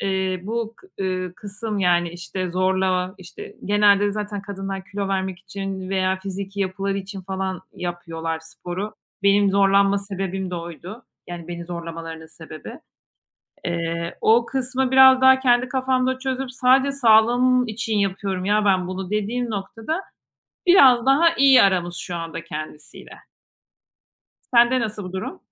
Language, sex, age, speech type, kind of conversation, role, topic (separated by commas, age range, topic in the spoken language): Turkish, female, 40-44, conversation, unstructured, none, Düzenli spor yapmanın sence ne gibi faydaları var?